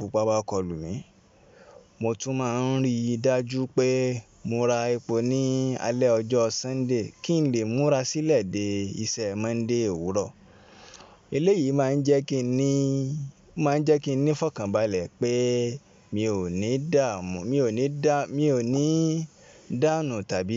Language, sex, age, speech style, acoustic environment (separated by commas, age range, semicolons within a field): Yoruba, male, 25-34, relaxed, appliances; people talking